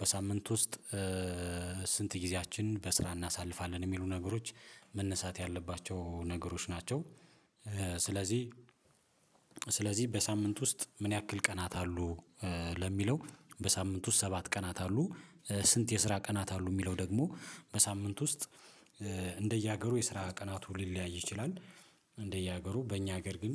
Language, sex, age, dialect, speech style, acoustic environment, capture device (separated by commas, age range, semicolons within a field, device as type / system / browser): Amharic, male, 35-44, Ethiopia - Addis Ababa, relaxed, silence, mobile / Linux / Chrome